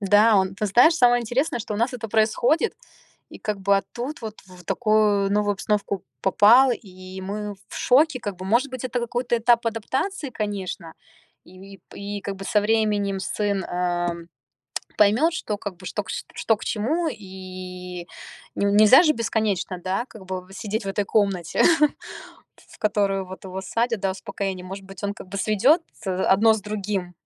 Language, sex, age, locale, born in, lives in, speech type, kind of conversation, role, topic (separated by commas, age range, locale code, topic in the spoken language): Russian, female, 30-34, ru-RU, Russia, United States, conversation, advice, user, Как мне установить личные границы и добиться уважения в новой культуре?